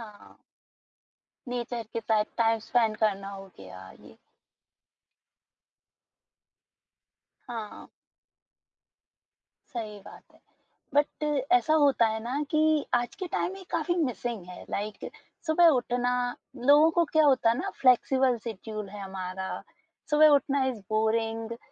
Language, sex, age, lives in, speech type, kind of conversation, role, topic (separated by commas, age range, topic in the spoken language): Hindi, female, 20-24, India, conversation, podcast, host, Aap healthy aadaton ko mazedaar kaise banate ho, taaki woh boring na lage?